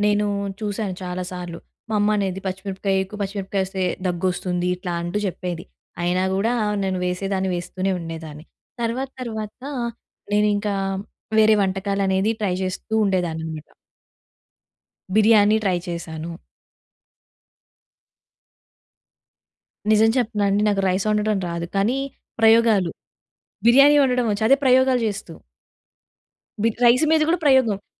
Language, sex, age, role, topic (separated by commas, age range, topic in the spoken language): Telugu, female, 20-24, guest, వంటలో ప్రయోగాలు చేయడాన్ని మీరు ఎలా ఆస్వాదిస్తారు?